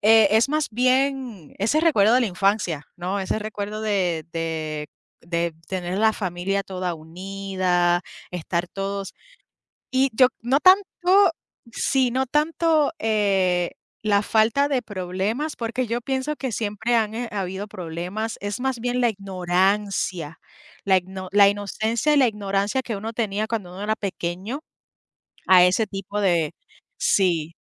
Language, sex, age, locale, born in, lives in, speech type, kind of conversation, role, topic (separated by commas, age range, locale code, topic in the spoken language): Spanish, female, 30-34, es-US, Puerto Rico, United States, conversation, unstructured, none, ¿Cuál es tu comida favorita para un día especial?